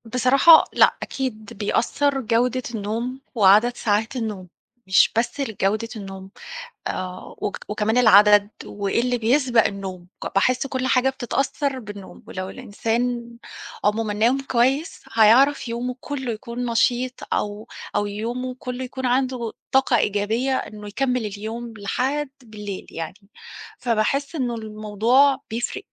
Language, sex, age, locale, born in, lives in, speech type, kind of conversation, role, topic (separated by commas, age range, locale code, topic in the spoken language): Arabic, female, 40-44, ar-EG, Egypt, Portugal, conversation, unstructured, none, إزاي عادات نومك بتأثر على يومك؟